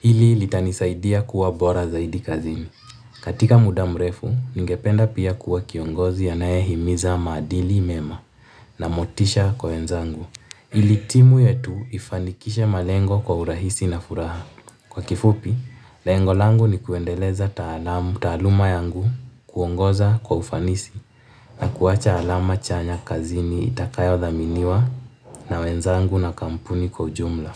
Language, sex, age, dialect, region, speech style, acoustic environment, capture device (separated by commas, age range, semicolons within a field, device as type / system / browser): Swahili, male, 18-24, Kenya - Nairobi Sheng-influenced, Kenya, relaxed, nature, mobile / Linux / Chrome